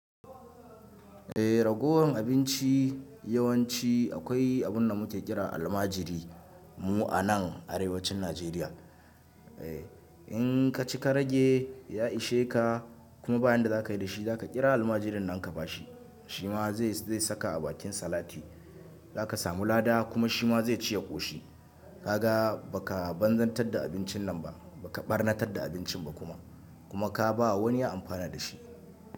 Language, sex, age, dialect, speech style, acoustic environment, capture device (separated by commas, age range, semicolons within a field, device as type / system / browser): Hausa, male, 25-34, Nigeria - Kano, happy, people talking, mobile / Linux / Chrome